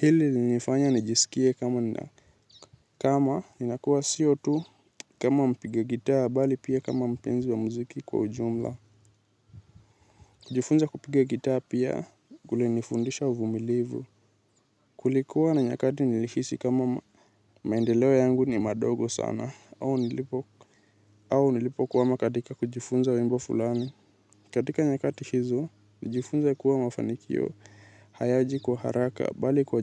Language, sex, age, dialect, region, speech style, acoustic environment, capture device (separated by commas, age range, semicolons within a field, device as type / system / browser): Swahili, male, 18-24, Kenya - Nairobi Sheng-influenced, Kenya, anxious; excited, nature, mobile / Linux / Chrome